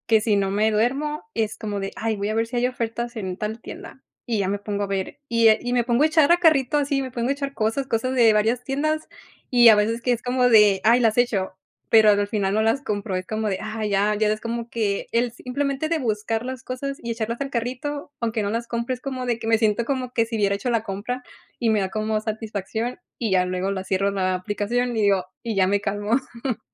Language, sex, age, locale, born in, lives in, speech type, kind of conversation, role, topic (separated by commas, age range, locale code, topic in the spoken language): Spanish, female, 30-34, es-MX, Mexico, United States, conversation, podcast, guest, ¿Qué pequeños rituales te ayudan a empezar bien el día?